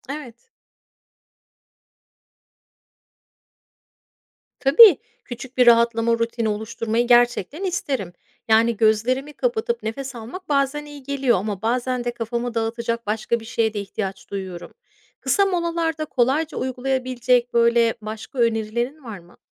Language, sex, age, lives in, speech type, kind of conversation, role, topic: Turkish, female, 35-39, United States, read, expert-assistant, asker, Dijital ortamda odaklanmayı ve verimliliği artırmak için hangi küçük alışkanlıklar etkili olabilir mi?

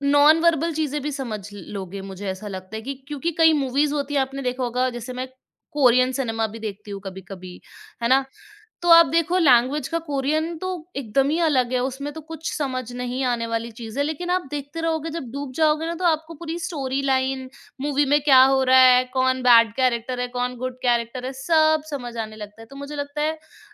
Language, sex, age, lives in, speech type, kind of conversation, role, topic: Hindi, female, 25-29, India, conversation, podcast, guest, Kya tumne kabhi language barrier ke bawajood koi aisi baat-cheet enjoy ki hai?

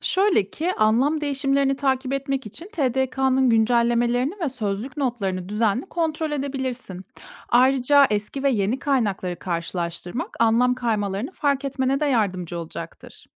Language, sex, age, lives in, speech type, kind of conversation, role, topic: Turkish, female, 30-34, Germany, read, expert-assistant, expert, Günlük hayatta karşılaştığım yeni bir kelimenin doğru anlamını hızlıca nasıl bulabilirim?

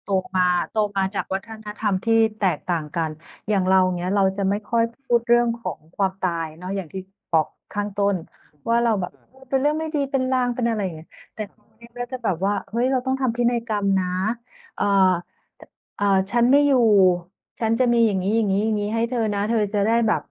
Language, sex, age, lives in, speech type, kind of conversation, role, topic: Thai, female, 45-49, Thailand, conversation, unstructured, none, คุณคิดว่าการพูดคุยเรื่องความตายกับคนในครอบครัวสำคัญไหม?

